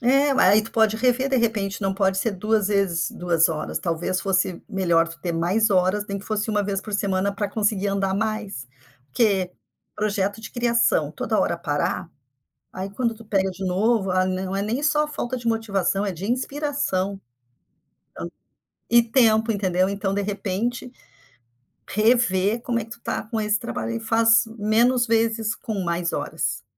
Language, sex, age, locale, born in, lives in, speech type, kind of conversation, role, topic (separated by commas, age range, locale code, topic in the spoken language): Portuguese, female, 55-59, pt-BR, Brazil, United States, conversation, advice, advisor, Como posso recuperar a motivação para continuar um projeto de longo prazo?